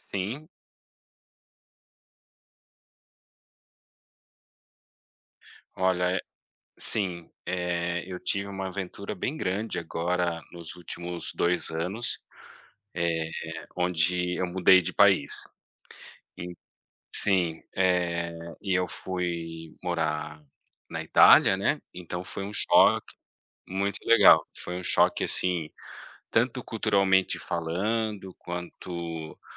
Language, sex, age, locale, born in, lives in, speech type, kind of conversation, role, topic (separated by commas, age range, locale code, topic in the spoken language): Portuguese, male, 35-39, pt-BR, Brazil, Italy, conversation, unstructured, none, Qual foi a aventura mais inesperada que você já viveu?